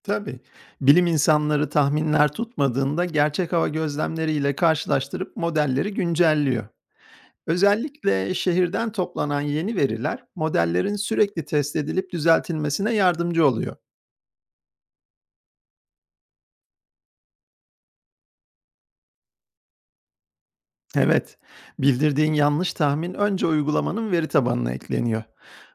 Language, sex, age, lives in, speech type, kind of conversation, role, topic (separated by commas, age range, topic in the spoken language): Turkish, male, 50-54, United States, read, expert-assistant, expert, Dışarı çıkmadan önce hava durumu tahminlerine bakarken hangi uygulama ya da siteler gerçekten doğru bilgi veriyor?